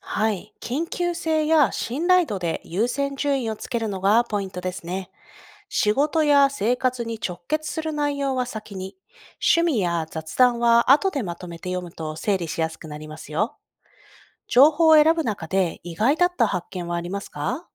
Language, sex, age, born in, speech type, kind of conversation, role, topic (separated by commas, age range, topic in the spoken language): Japanese, female, 30-34, Japan, read, expert-assistant, expert, ネット上のニュースやブログ、SNSを効率よくチェックしたいのですが、どんな工夫をすれば情報の整理や優先順位がうまくできますか？